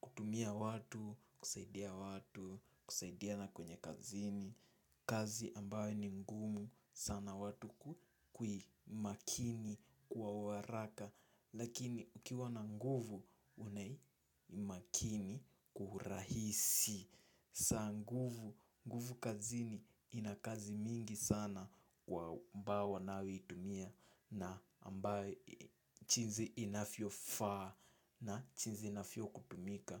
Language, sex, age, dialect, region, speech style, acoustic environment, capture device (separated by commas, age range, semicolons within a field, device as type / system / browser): Swahili, male, 18-24, Kenya - Nairobi Sheng-influenced, Kenya, nervous; excited; focused; relaxed, nature, mobile / Linux / Chrome